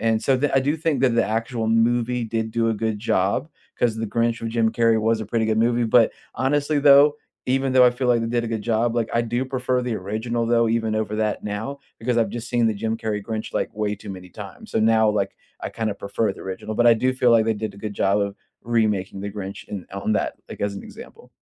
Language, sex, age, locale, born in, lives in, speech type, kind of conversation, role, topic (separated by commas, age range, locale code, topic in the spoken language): English, male, 30-34, en-US, United States, United States, conversation, unstructured, none, Which do you prefer overall: remakes or originals?